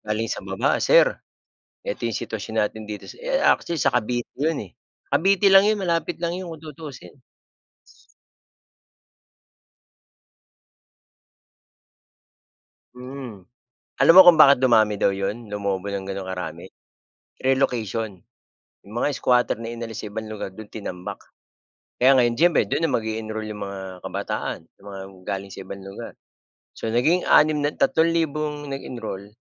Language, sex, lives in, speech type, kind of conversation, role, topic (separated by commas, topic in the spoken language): Filipino, male, Philippines, conversation, unstructured, none, Paano mo tinitingnan ang kasalukuyang kalagayan ng pamahalaan sa bansa?